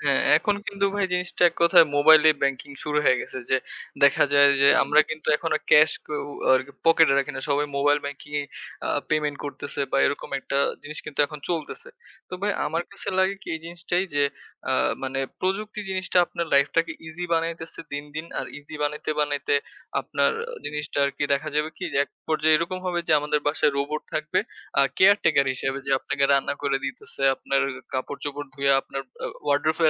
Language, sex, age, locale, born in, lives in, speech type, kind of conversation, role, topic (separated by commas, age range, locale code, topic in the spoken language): Bengali, male, 20-24, bn-BD, Bangladesh, Bangladesh, conversation, unstructured, none, কোন প্রযুক্তি মানুষের জীবন সবচেয়ে বেশি বদলে দিয়েছে বলে আপনি মনে করেন?